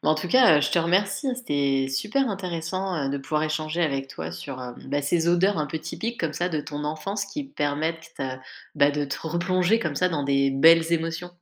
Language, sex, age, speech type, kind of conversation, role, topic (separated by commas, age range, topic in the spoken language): French, female, 35-39, conversation, podcast, host, Quelle odeur de cuisine t'apaise instantanément ?